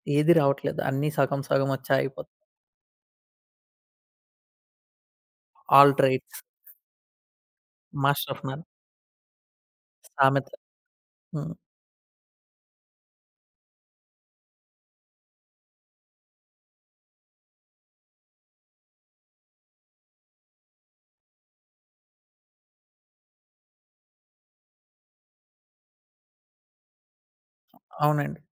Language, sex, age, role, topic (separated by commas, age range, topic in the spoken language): Telugu, male, 20-24, host, పాతకాలపు పెంపకానికి, నేటి పెంపకానికి మధ్య ప్రధాన తేడాలు ఏమిటి?